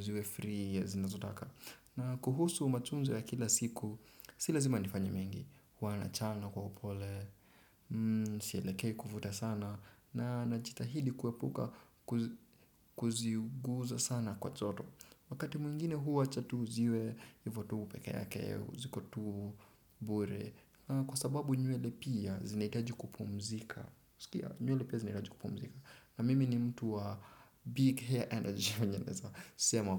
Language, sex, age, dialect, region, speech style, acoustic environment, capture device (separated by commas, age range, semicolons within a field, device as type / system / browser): Swahili, male, 18-24, Kenya - Nairobi Sheng-influenced, Kenya, relaxed, silence, desktop / Windows / Chrome